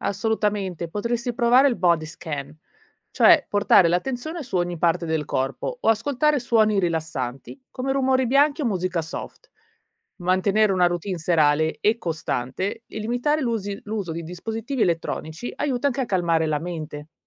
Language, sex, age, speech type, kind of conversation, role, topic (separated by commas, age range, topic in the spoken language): Italian, female, 35-39, read, expert-assistant, expert, Quali strategie posso adottare per dormire meglio e sentirmi più energico durante il giorno?